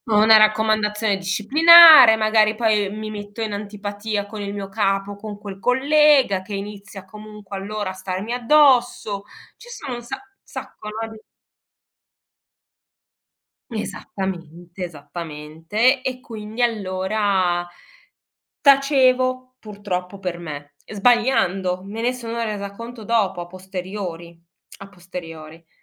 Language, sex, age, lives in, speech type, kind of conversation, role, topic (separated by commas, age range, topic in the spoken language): Italian, female, 40-44, Germany, conversation, podcast, guest, Come reagisci quando qualcuno non rispetta i tuoi limiti?